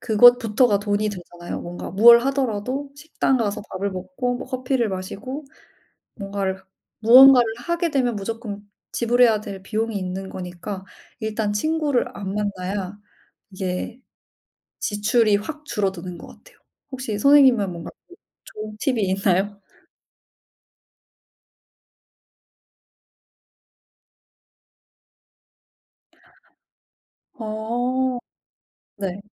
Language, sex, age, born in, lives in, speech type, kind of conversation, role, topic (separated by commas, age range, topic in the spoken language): Korean, female, 25-29, South Korea, Germany, conversation, unstructured, none, 카드 빚을 갚는 일이 왜 이렇게 어려울까요?